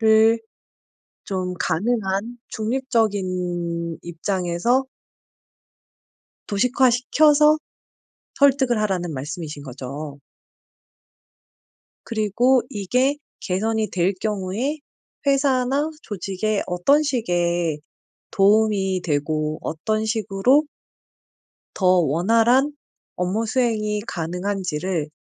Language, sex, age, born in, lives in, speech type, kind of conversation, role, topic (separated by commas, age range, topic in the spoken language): Korean, female, 40-44, South Korea, South Korea, conversation, advice, user, 어려운 패턴에서 벗어나기 위해 어떻게 도움을 요청할 수 있을까요?